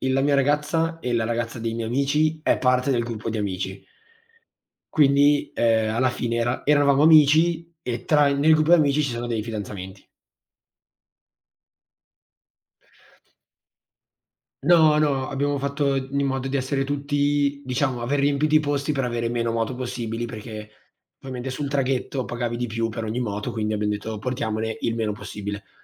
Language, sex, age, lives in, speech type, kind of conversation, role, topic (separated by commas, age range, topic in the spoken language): Italian, male, 18-19, Italy, conversation, unstructured, none, Qual è il tuo miglior ricordo con i tuoi amici?